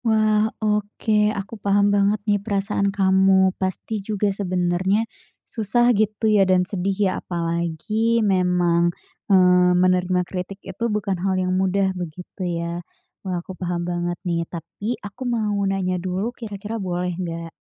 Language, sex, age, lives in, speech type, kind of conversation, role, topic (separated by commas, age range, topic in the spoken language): Indonesian, female, 20-24, Indonesia, conversation, advice, advisor, Bagaimana pengalaman Anda menerima kritik di depan umum setelah presentasi yang memalukan?